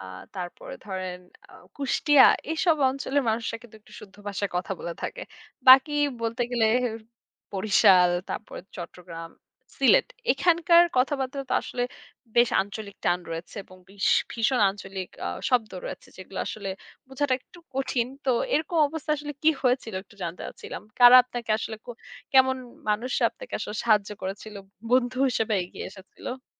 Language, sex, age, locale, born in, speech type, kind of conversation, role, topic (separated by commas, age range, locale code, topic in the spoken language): Bengali, female, 25-29, bn-BD, Bangladesh, conversation, podcast, host, ভ্রমণে নতুন করে হওয়া বন্ধুত্ব থেকে কোনো মজার গল্প আছে কি?